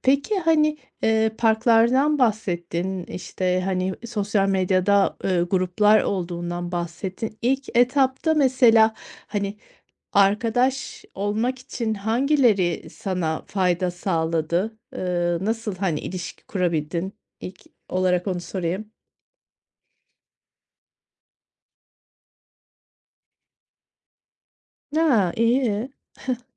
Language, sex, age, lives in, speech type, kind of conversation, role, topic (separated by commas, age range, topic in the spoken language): Turkish, female, 40-44, Spain, conversation, podcast, host, Yeni bir şehre taşındığında yeni insanlarla tanışmanın pratik yolları nelerdir?